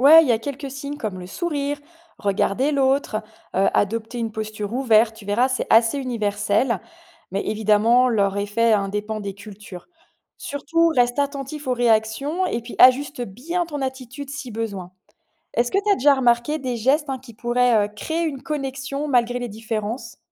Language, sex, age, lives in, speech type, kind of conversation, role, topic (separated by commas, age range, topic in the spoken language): French, female, 45-49, France, read, expert-assistant, expert, Comment mieux communiquer avec des collègues de cultures différentes au travail ?